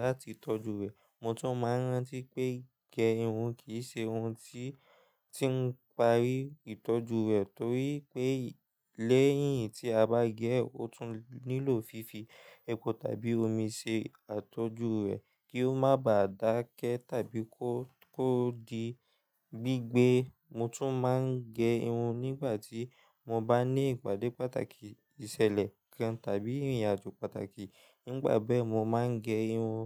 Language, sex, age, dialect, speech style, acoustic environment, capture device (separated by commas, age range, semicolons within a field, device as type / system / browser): Yoruba, male, 18-24, Nigeria - Lagos Yoruba, relaxed, nature; silence, mobile / Linux / Chrome